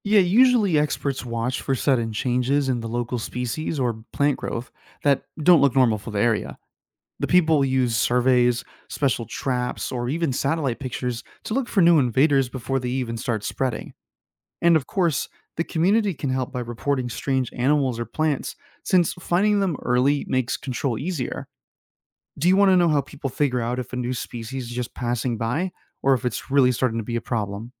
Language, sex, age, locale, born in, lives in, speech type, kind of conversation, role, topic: English, male, 25-29, en-US, United States, United States, read, expert-assistant, expert, What are the dangers of invasive species to ecosystems?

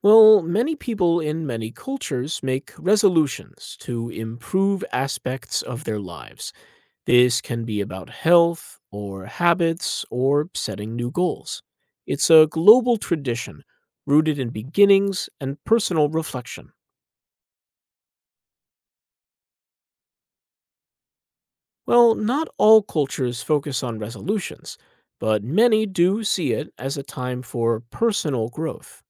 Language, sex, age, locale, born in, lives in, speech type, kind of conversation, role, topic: English, male, 35-39, en-US, United States, United States, read, expert-assistant, expert, How are New Year traditions and celebrations different around the world?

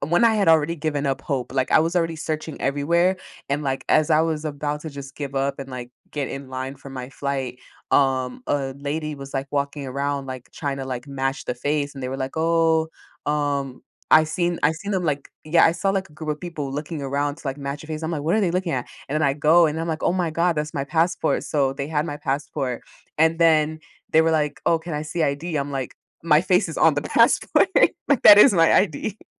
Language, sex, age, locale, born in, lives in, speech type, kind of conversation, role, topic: English, female, 20-24, en-US, United States, United States, conversation, podcast, guest, What strategies help you stay resilient and adaptable when facing unexpected situations while traveling?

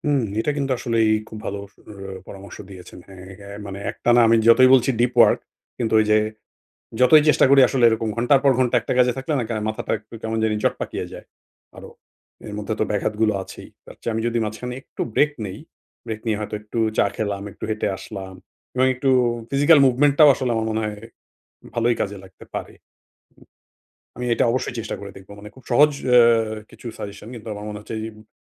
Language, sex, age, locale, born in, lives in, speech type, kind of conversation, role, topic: Bengali, male, 40-44, bn-BD, Bangladesh, Finland, conversation, advice, user, ব্যাঘাত কমিয়ে আমি কীভাবে দীর্ঘ সময় মনোযোগ ধরে রাখতে পারি?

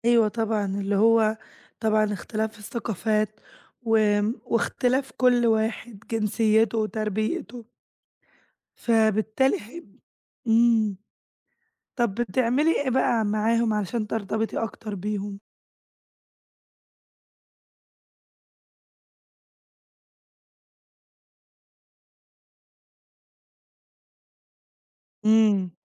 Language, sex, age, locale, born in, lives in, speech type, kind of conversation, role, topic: Arabic, female, 20-24, ar-EG, Egypt, Greece, conversation, advice, advisor, إيه اللي لازم آخد بالي منه وأنا بنقل أعيش في مدينة أو بلد جديدة؟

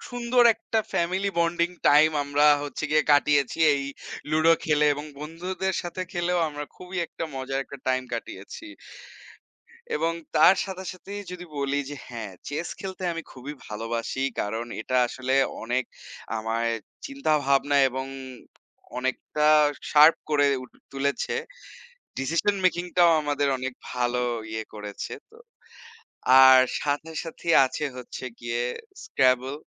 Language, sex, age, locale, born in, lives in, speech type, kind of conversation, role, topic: Bengali, male, 25-29, bn-BD, Bangladesh, Bangladesh, conversation, unstructured, none, মোবাইল গেম আর বোর্ড গেমের মধ্যে কোনটি আপনার বেশি পছন্দ?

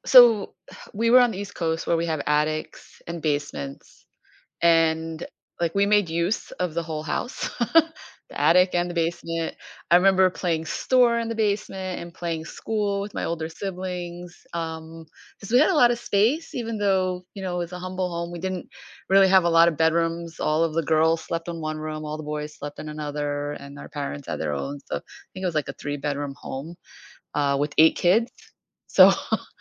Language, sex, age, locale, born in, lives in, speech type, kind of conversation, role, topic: English, female, 50-54, en-US, United States, United States, conversation, advice, user, How can I preserve memories of my childhood home?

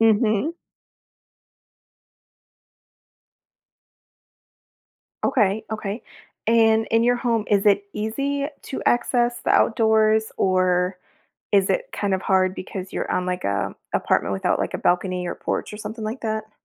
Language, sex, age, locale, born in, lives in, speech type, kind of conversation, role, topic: English, female, 40-44, en-US, United States, United States, conversation, advice, advisor, How can I make meaningful changes to my daily routine?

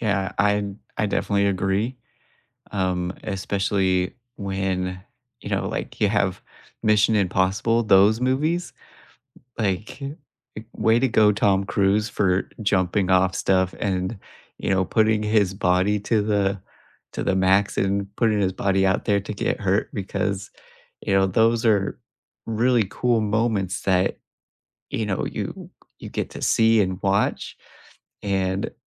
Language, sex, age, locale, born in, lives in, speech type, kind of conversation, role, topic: English, male, 35-39, en-US, United States, United States, conversation, unstructured, none, Do you think movies today rely too much on special effects?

